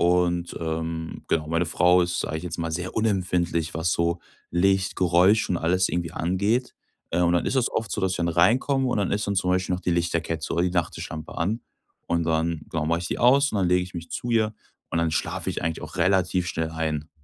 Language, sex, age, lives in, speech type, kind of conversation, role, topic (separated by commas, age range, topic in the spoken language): German, male, 25-29, Germany, conversation, advice, user, Wie kann ich jeden Tag zur gleichen Zeit schlafen gehen?